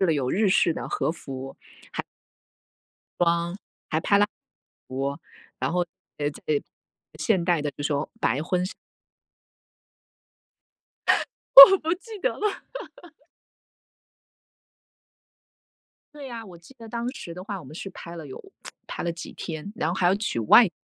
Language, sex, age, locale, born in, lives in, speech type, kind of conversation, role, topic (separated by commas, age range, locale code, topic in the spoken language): Chinese, female, 45-49, zh-TW, China, United States, conversation, unstructured, none, 其他文化的服饰有哪些地方让你感到惊讶？